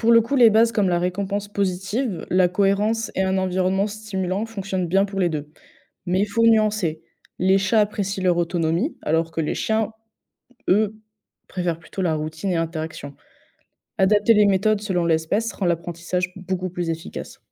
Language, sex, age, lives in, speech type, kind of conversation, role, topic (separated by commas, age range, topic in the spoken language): French, female, 20-24, France, read, expert-assistant, expert, Comment apprendre les bons comportements à un chat ou un chien sans les stresser ?